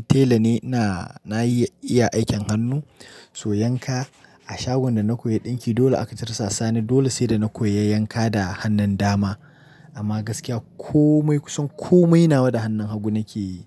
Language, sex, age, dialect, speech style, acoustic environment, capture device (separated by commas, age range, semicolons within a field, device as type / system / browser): Hausa, male, 25-34, Nigeria - Nigerian Standard, focused, nature, mobile / Linux / Chrome